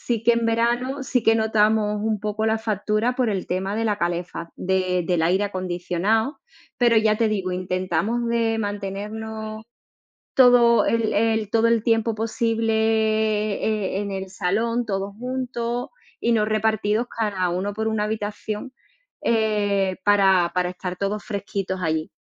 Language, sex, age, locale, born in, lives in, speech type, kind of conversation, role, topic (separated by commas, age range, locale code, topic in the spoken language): Spanish, female, 40-44, es-ES, Spain, Spain, conversation, unstructured, none, ¿Qué harías para ahorrar energía en tu hogar?